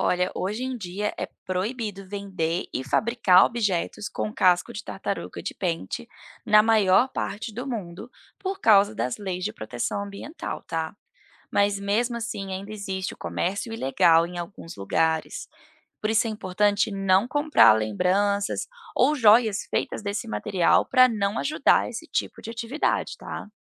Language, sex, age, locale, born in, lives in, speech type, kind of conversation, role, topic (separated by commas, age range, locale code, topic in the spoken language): Portuguese, female, 30-34, pt-BR, Brazil, United States, read, expert-assistant, expert, Como as tartarugas marinhas encontram o caminho de volta à praia onde nasceram?